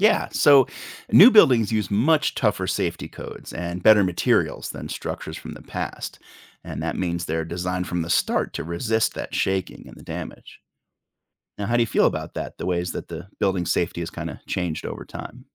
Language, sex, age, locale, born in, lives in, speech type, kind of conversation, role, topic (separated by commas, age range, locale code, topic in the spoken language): English, male, 45-49, en-US, United States, United States, read, expert-assistant, expert, How do architects design buildings to withstand earthquakes?